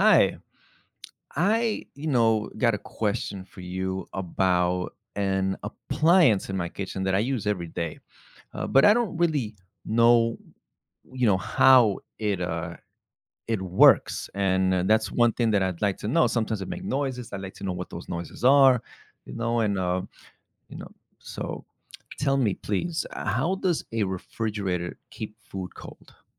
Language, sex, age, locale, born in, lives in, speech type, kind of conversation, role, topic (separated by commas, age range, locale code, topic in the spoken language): English, male, 35-39, en-US, United States, United States, read, expert-assistant, asker, How does a refrigerator keep food cold?